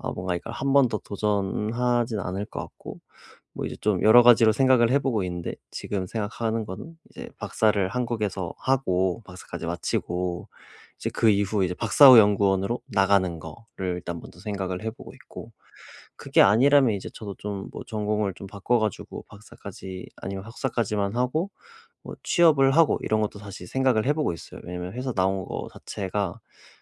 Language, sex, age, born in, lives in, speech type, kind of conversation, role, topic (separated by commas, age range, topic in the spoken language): Korean, male, 25-29, South Korea, South Korea, conversation, advice, user, 실패가 두려워도 새로운 일을 시작하려면 어떻게 해야 하나요?